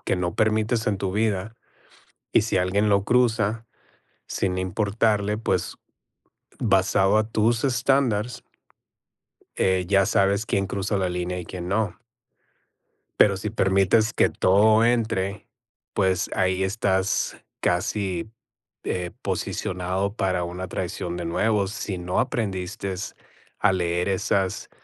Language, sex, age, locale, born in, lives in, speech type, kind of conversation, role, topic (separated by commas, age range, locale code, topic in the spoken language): Spanish, male, 40-44, es-MX, United States, United States, conversation, unstructured, none, ¿Qué haces cuando un amigo te decepciona?